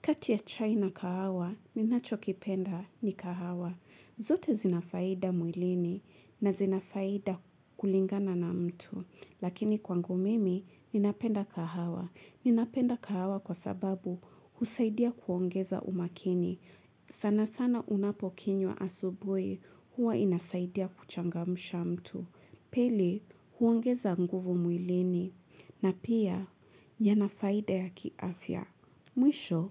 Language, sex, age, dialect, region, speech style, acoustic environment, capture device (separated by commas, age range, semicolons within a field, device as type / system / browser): Swahili, female, 25-34, Kenya - Mombasa, Kenya, happy, nature, mobile / Linux / Chrome